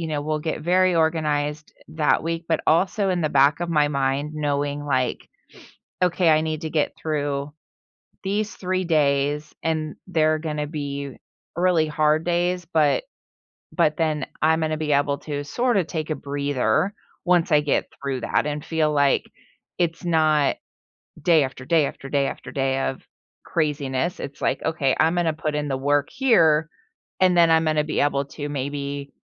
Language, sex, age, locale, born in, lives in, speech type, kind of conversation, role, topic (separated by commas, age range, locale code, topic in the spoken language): English, female, 45-49, en-US, United States, United States, conversation, podcast, guest, What habits or routines help you manage stress at work?